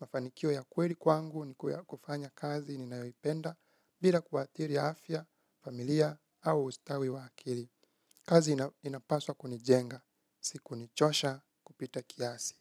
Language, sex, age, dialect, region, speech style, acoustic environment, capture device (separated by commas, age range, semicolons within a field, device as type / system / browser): Swahili, male, 25-34, Kenya - Nairobi Sheng-influenced, Kenya, focused, nature, mobile / Linux / Chrome